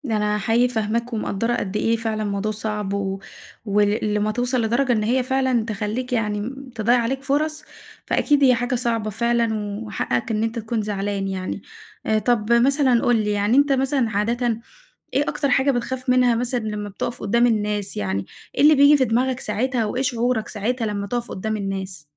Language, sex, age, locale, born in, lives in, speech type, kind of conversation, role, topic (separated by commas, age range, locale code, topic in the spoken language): Arabic, female, 20-24, ar-EG, Egypt, Egypt, conversation, advice, advisor, إزاي خوفك من الكلام قدّام جمهور كبير بيخلّيك تتجنّب فرص شغل؟